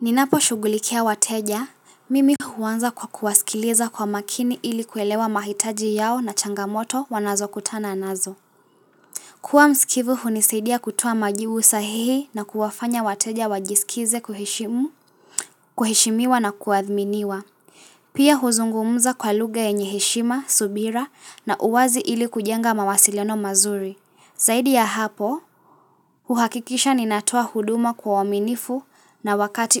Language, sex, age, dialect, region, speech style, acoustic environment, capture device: Swahili, female, 18-24, Kenya - Mombasa, Kenya, relaxed, silence, mobile / Linux / Chrome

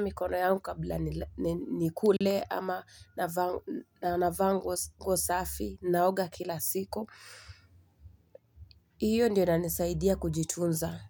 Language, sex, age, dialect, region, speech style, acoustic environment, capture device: Swahili, female, 25-34, Kenya - Mombasa, Kenya, anxious, nature, mobile / Linux / Chrome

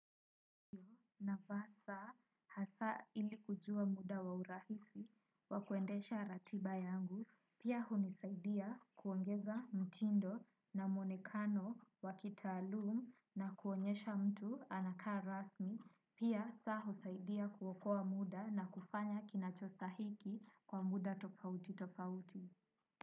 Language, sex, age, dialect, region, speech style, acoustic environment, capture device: Swahili, female, 18-24, Kenya - Nairobi Sheng-influenced, Kenya, relaxed, nature, desktop / Windows / Chrome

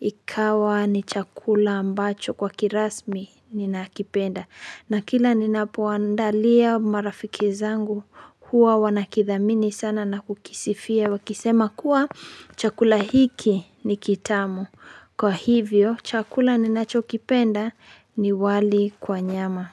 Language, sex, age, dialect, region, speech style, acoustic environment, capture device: Swahili, female, 25-34, Kenya - Nairobi Sheng-influenced, Kenya, happy, nature, mobile / Linux / Chrome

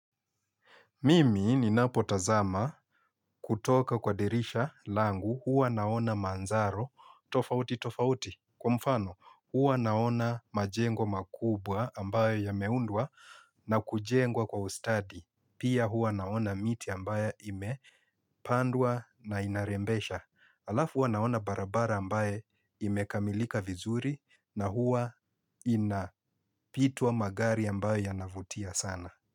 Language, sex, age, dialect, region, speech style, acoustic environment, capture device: Swahili, male, 35-44, Kenya - Mombasa, Kenya, focused, traffic; appliances; people talking, mobile / Linux / Chrome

